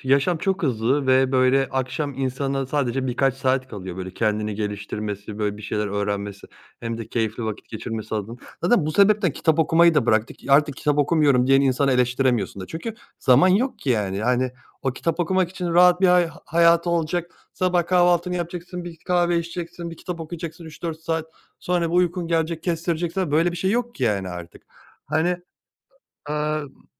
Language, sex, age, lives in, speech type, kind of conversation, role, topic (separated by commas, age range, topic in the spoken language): Turkish, male, 30-34, Germany, conversation, podcast, guest, Dizilerde temsil ve çeşitlilik neden önemlidir, neyi değiştirir?